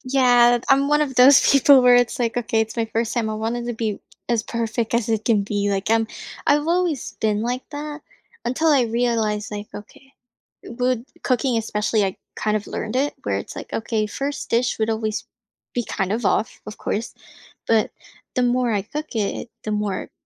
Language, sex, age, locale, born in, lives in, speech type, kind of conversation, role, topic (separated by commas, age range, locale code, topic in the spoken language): English, female, 20-24, en-US, Philippines, United States, conversation, advice, user, How can I reduce my financial stress and build more stability?